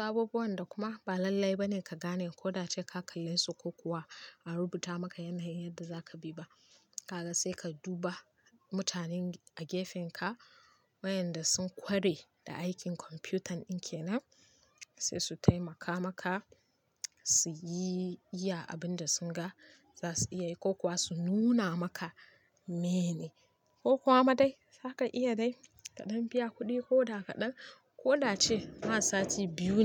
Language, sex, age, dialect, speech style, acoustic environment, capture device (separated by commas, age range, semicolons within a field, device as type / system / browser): Hausa, female, 25-34, Nigeria - Kano, happy; focused, people talking; nature, mobile / Linux / Chrome